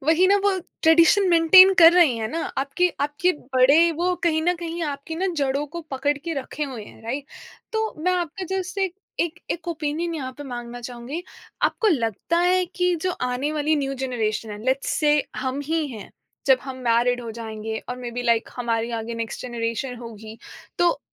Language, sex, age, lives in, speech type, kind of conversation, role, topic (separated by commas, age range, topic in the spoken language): Hindi, female, 25-29, India, conversation, podcast, host, क्या आपके परिवार की कोई परंपरा अब बदल गई है?